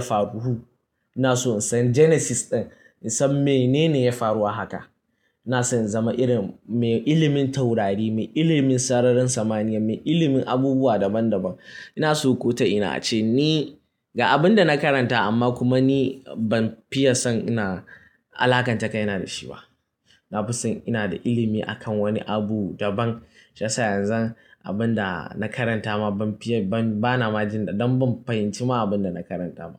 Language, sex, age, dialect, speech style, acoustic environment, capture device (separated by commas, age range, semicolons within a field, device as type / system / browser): Hausa, male, 18-24, Nigeria - Kano, anxious; happy, silence, mobile / Linux / Chrome